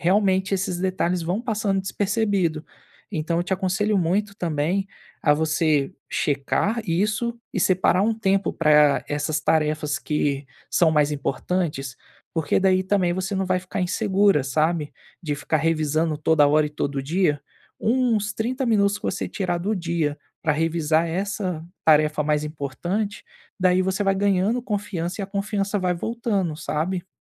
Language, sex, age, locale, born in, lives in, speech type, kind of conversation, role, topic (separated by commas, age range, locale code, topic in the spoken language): Portuguese, male, 25-29, pt-BR, Brazil, Spain, conversation, advice, advisor, Como posso transformar um deslize em aprendizado e em uma reação positiva?